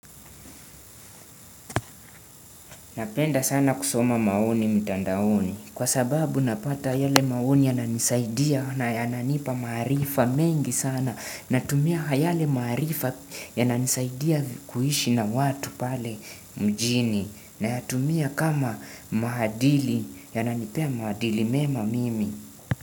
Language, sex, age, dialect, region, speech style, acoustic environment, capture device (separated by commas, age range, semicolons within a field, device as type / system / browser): Swahili, male, 18-24, Kenya - Nairobi Sheng-influenced, Kenya, tired, music, desktop / Windows / Chrome